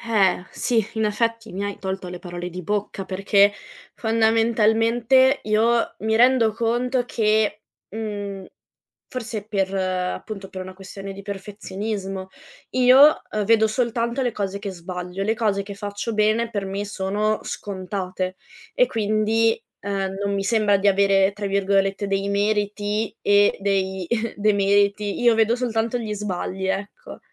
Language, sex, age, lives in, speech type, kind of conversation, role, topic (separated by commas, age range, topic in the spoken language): Italian, female, 25-29, Italy, conversation, advice, user, In che modo il perfezionismo ti blocca dall’agire per paura di sbagliare?